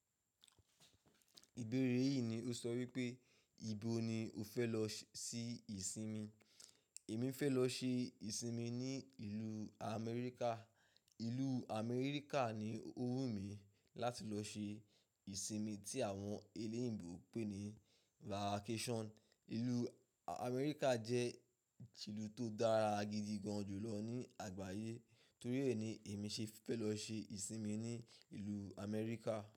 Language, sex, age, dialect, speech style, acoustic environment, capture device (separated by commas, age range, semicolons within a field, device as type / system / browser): Yoruba, male, 25-34, Nigeria - Ibadan, relaxed; focused, silence, mobile / macOS / Safari